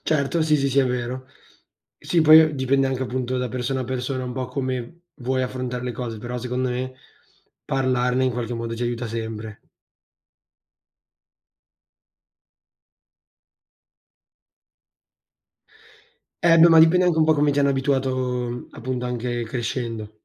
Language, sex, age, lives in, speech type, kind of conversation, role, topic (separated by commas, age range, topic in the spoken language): Italian, male, 18-19, Italy, conversation, unstructured, none, Perché, secondo te, alcune persone sembrano nascondere la tristezza dietro un sorriso?